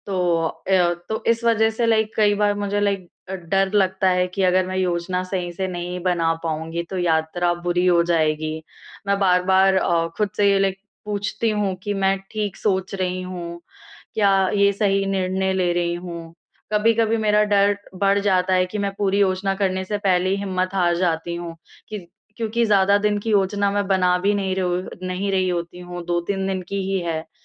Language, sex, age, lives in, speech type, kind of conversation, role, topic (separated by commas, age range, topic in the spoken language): Hindi, female, 20-24, India, conversation, advice, user, यात्रा की योजना बनाना चुनौतीपूर्ण